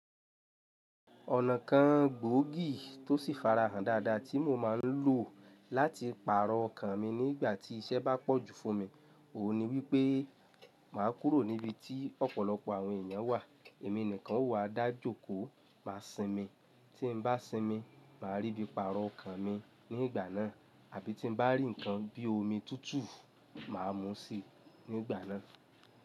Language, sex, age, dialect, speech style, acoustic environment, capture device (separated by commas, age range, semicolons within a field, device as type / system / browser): Yoruba, male, 25-34, Nigeria - Lagos Yoruba, happy; relaxed; focused, silence; nature, mobile / Linux / Chrome